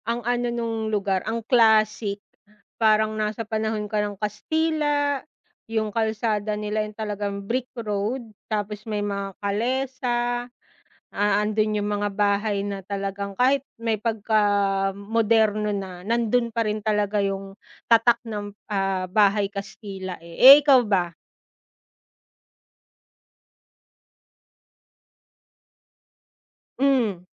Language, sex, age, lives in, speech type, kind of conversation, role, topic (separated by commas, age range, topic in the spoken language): Filipino, female, 35-39, Philippines, conversation, unstructured, none, Ano ang pinakanakakatuwang lugar na napuntahan mo?